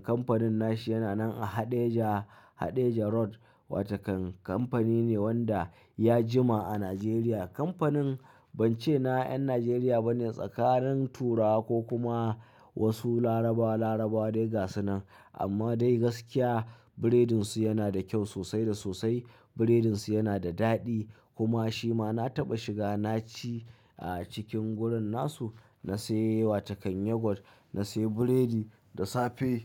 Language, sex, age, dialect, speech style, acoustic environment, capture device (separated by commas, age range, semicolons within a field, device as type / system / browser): Hausa, male, 25-34, Nigeria - Kano, happy, silence, mobile / Linux / Chrome